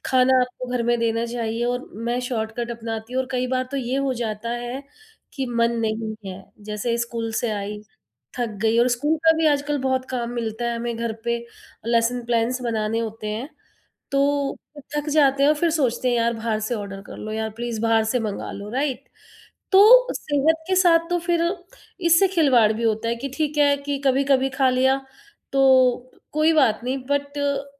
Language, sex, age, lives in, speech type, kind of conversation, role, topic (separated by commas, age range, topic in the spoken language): Hindi, female, 40-44, India, conversation, advice, user, खाने की योजना बनाने के लिए समय न मिलने पर आप दोषबोध से कैसे निपटते हैं?